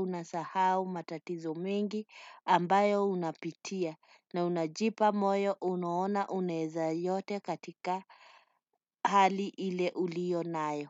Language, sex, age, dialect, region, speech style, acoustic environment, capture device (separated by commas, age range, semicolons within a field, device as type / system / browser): Swahili, female, 35-44, Kenya - Nairobi Sheng-influenced, Kenya, relaxed, silence, desktop / Windows / Chrome